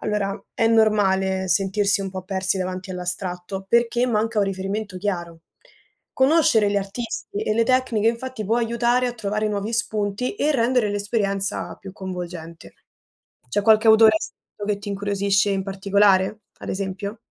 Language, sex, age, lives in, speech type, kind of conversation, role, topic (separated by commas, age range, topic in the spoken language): Italian, female, 20-24, Italy, read, expert-assistant, expert, Perché alcune opere d’arte ci emozionano più di altre?